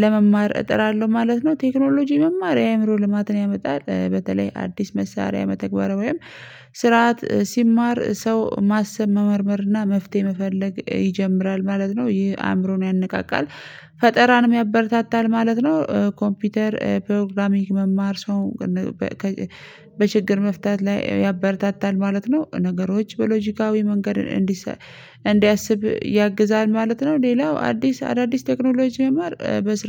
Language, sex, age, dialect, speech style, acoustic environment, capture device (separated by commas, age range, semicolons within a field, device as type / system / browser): Amharic, female, 25-34, Ethiopia - Addis Ababa, stressed, people talking, mobile / Linux / Chrome